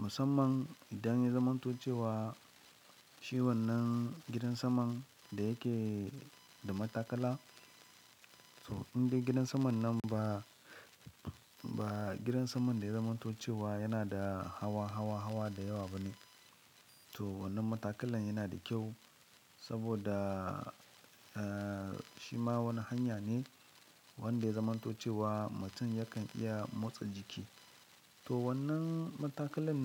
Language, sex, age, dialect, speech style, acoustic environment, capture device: Hausa, male, 25-34, Nigeria - Lagos Yoruba, happy; excited; focused, silence; appliances, mobile / Linux / Chrome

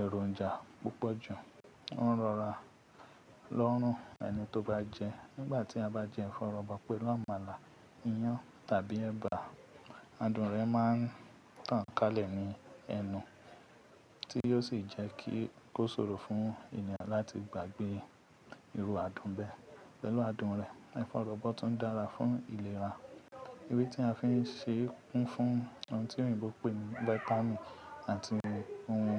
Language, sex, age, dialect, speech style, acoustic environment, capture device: Yoruba, male, 25-34, Nigeria - Ibadan, relaxed, people talking; appliances, mobile / Linux / Chrome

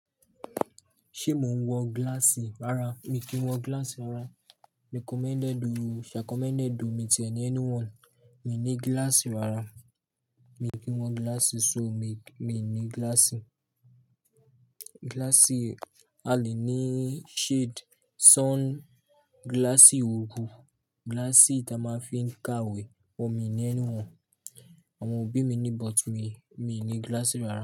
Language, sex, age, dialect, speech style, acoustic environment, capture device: Yoruba, male, 18-24, Nigeria - Lagos Yoruba, relaxed, people talking; nature; appliances, mobile / Linux / Chrome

